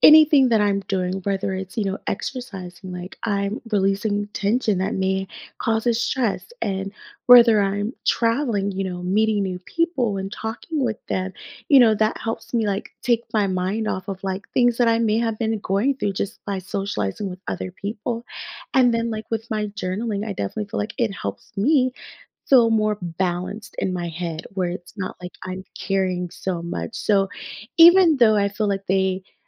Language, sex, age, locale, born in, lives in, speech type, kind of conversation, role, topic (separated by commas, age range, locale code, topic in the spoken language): English, female, 30-34, en-US, United States, United States, conversation, podcast, guest, In what ways can exploring new hobbies support emotional well-being?